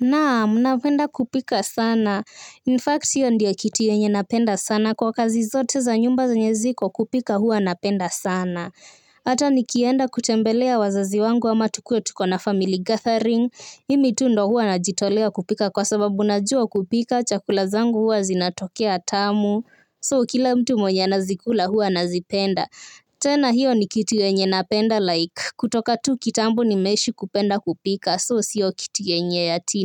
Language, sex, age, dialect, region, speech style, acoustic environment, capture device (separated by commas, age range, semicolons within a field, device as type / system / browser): Swahili, female, 18-24, Kenya - Nairobi Sheng-influenced, Kenya, happy, nature, mobile / Linux / Chrome